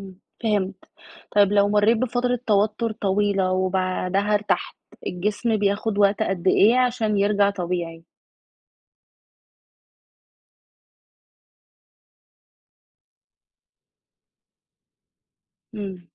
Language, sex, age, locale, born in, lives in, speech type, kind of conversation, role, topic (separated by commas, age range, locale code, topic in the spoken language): Arabic, female, 30-34, ar-EG, Egypt, Egypt, read, expert-assistant, asker, إزاي الجسم والعقل بيأثروا على بعض؟ يعني مثلاً لو الواحد متضايق أو فرحان، ده ممكن يغيّر في صحته إزاي؟